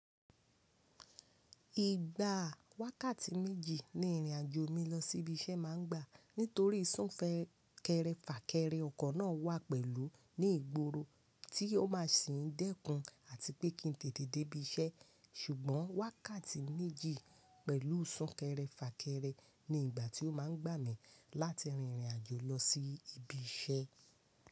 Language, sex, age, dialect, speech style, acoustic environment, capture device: Yoruba, female, 25-34, Nigeria - Lagos Yoruba, happy; focused, nature; silence, mobile / Linux / Chrome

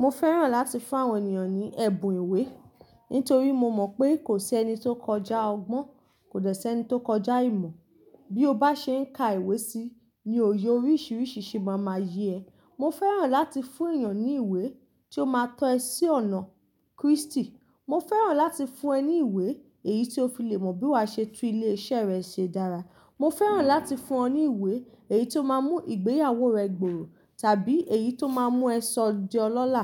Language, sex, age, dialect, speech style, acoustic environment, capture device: Yoruba, female, 25-34, Nigeria - Ibadan, relaxed; focused, nature; appliances, mobile / Linux / Chrome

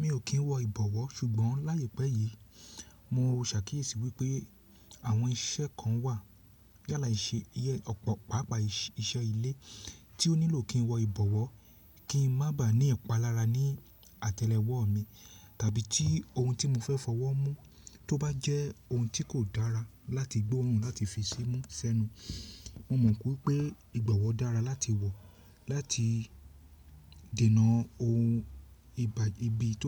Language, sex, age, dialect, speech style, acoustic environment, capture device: Yoruba, male, 35-44, Nigeria - Lagos Yoruba, happy; relaxed; focused; excited, silence, mobile / Linux / Chrome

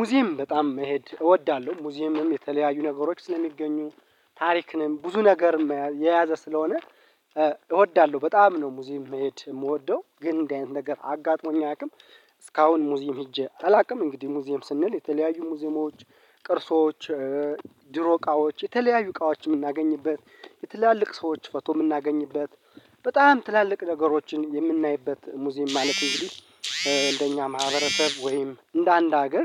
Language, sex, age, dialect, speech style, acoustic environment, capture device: Amharic, male, 25-34, Ethiopia - Gondar, relaxed, nature, mobile / Linux / Chrome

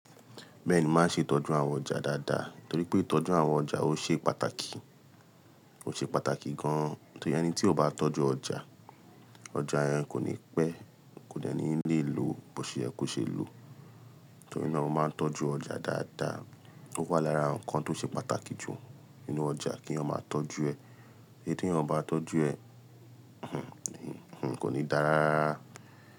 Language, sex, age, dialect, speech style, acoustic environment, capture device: Yoruba, male, 25-34, Nigeria - Lagos Yoruba, tired, people talking, mobile / Linux / Chrome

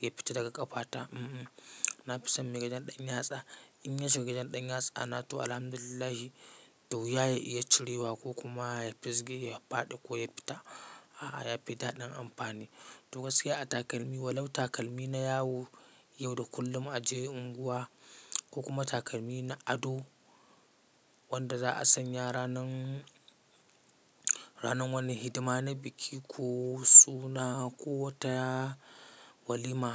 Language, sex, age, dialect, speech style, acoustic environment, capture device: Hausa, male, 25-34, Nigeria - Katsina, focused; relaxed, silence, mobile / Linux / Chrome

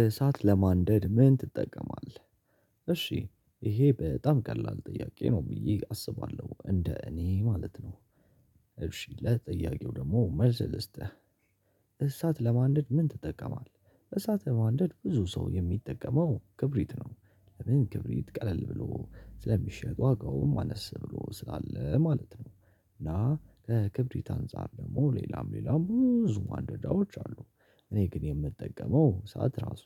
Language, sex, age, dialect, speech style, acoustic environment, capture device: Amharic, male, 18-24, Ethiopia - Addis Ababa, happy; relaxed, people talking; silence; nature, mobile / Linux / Chrome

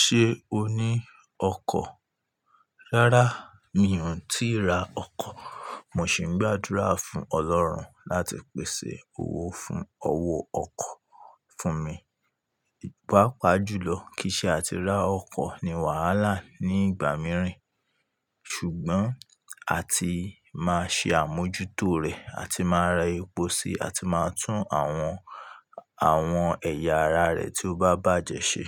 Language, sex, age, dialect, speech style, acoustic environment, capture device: Yoruba, male, 25-34, Nigeria - Ibadan, happy; anxious; relaxed; tired; stressed, nature; appliances, mobile / Linux / Chrome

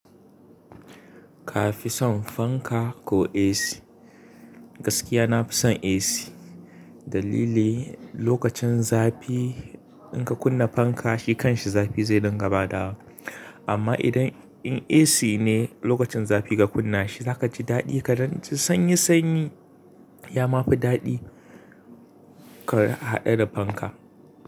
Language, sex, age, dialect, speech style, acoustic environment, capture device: Hausa, male, 25-34, Nigeria - Kano, happy; excited; relaxed, nature, mobile / Linux / Chrome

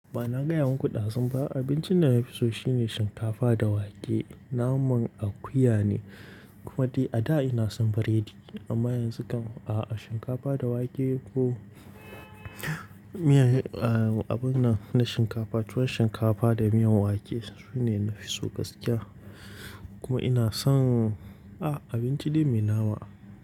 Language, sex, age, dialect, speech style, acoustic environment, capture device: Hausa, male, 18-24, Nigeria - Kano, relaxed; focused, silence; nature, mobile / Linux / Chrome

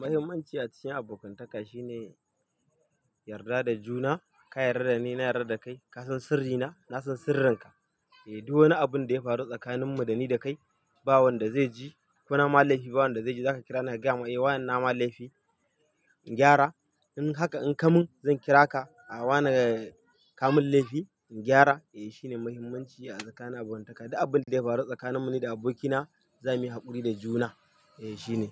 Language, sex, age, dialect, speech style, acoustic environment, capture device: Hausa, male, 25-34, Nigeria - Kano, happy, silence, mobile / Linux / Chrome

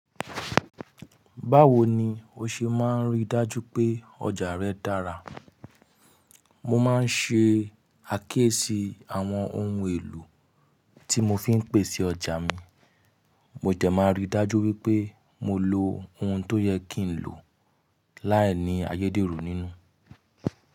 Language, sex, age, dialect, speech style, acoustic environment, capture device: Yoruba, male, 25-34, Nigeria - Nigerian Standard, happy; relaxed; excited; focused, silence; nature, mobile / Linux / Chrome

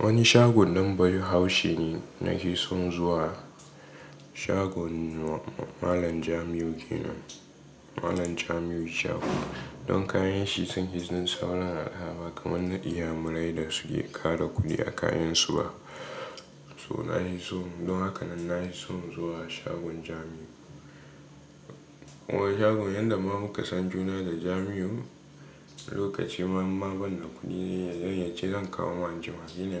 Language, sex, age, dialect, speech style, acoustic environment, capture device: Hausa, male, 18-24, Nigeria - Katsina, relaxed, nature, mobile / Linux / Chrome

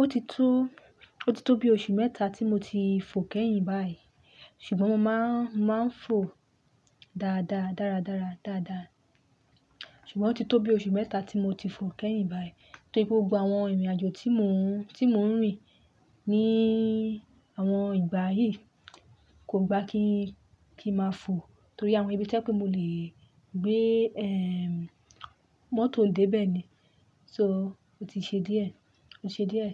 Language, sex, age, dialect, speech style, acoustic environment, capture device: Yoruba, female, 25-34, Nigeria - Lagos Yoruba, happy, nature, mobile / Linux / Chrome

